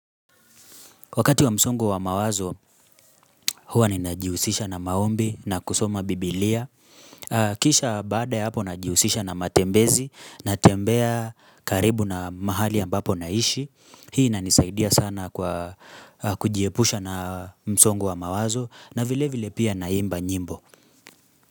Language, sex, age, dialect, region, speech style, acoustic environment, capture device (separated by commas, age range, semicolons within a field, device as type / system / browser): Swahili, male, 25-34, Kenya - Nairobi Sheng-influenced, Kenya, happy; focused; excited, silence, mobile / Linux / Chrome